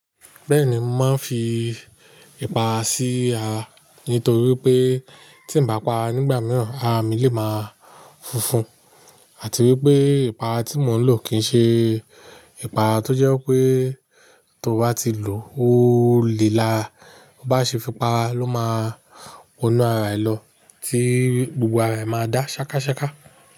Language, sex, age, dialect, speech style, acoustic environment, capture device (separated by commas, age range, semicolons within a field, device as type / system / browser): Yoruba, male, 35-44, Nigeria - Nigerian Standard, relaxed, nature, mobile / Linux / Chrome